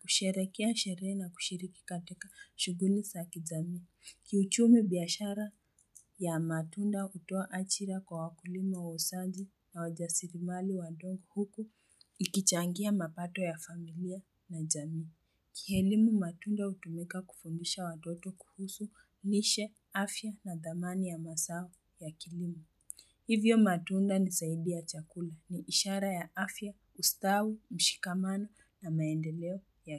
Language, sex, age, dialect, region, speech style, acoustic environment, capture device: Swahili, female, 18-24, Kenya - Nairobi Sheng-influenced, Kenya, focused, people talking, mobile / Linux / Chrome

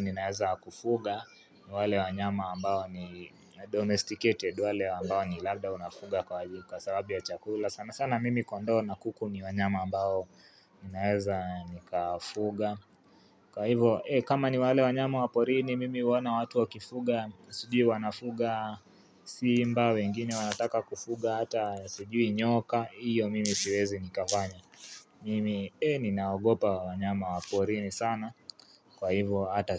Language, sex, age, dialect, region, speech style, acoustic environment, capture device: Swahili, male, 18-24, Kenya - Nairobi Sheng-influenced, Kenya, relaxed, nature; people talking, mobile / Linux / Chrome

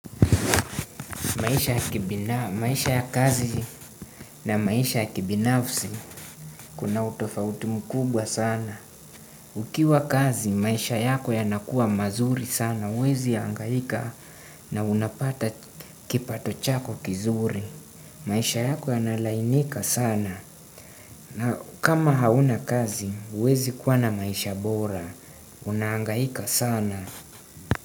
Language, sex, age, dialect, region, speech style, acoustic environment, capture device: Swahili, male, 18-24, Kenya - Nairobi Sheng-influenced, Kenya, relaxed, silence, desktop / Windows / Chrome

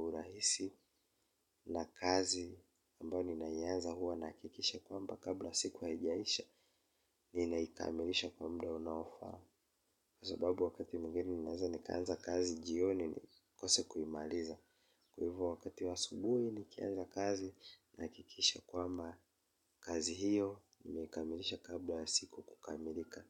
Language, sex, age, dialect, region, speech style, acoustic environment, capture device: Swahili, male, 25-34, Kenya - Mombasa, Kenya, relaxed, silence, mobile / Linux / Chrome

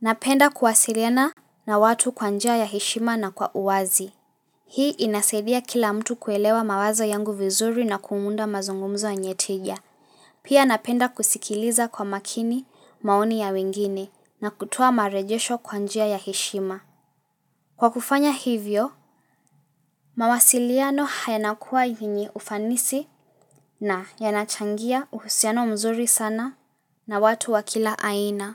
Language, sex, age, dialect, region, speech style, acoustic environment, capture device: Swahili, female, 18-24, Kenya - Mombasa, Kenya, relaxed; focused, silence, mobile / Linux / Chrome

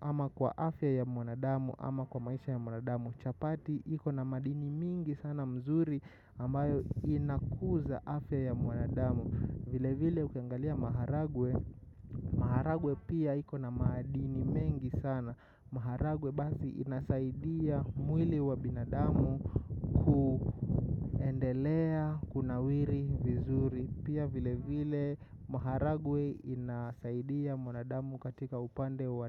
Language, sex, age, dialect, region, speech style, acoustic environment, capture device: Swahili, male, 25-34, Kenya - Nairobi Sheng-influenced, Kenya, excited, nature, mobile / macOS / Safari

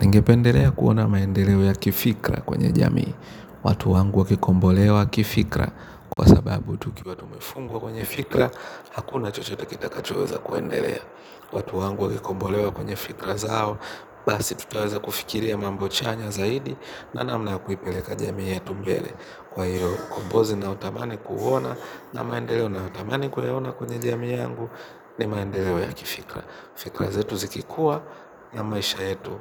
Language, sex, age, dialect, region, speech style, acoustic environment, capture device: Swahili, male, 25-34, Kenya - Nairobi Sheng-influenced, Kenya, relaxed, nature, mobile / Linux / Chrome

